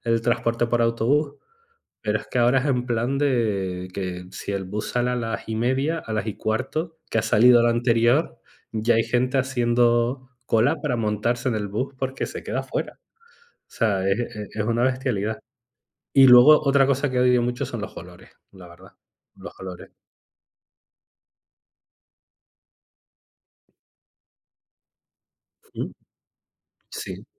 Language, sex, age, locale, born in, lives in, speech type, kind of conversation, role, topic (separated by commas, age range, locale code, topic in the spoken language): Spanish, male, 35-39, es-ES, Spain, Spain, conversation, unstructured, none, ¿Qué es lo que más te molesta al usar el transporte público?